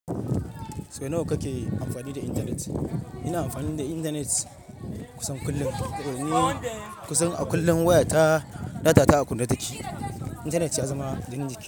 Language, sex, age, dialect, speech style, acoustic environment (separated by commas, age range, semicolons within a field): Hausa, male, 25-34, Nigeria - Ibadan, focused, silence